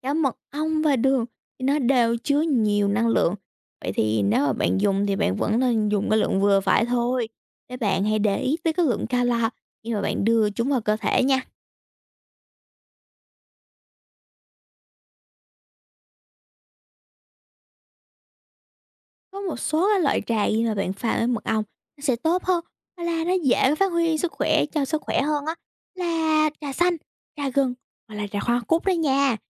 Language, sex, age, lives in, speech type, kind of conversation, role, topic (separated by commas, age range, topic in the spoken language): Vietnamese, female, 18-19, United States, read, expert-assistant, expert, Vì sao khi thả đường vào trà nóng, đường lại tan nhanh hơn trong trà lạnh?